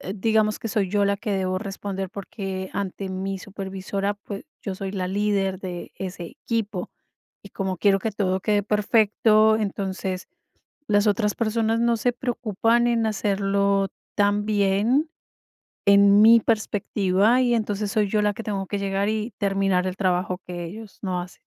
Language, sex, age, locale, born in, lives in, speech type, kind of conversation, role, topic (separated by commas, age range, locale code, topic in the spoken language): Spanish, female, 45-49, es-CO, Colombia, United States, conversation, advice, user, ¿Cómo puedo saber qué tareas debo delegar y cuándo hacerlo?